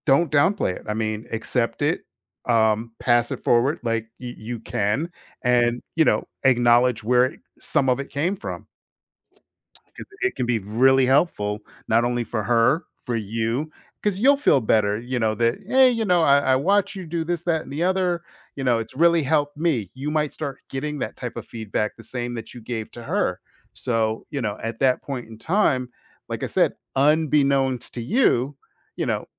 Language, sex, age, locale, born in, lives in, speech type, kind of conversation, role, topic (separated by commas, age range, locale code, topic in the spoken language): English, male, 50-54, en-US, United States, United States, conversation, advice, advisor, How can I pay forward the support my mentor gave me and become a mentor to others?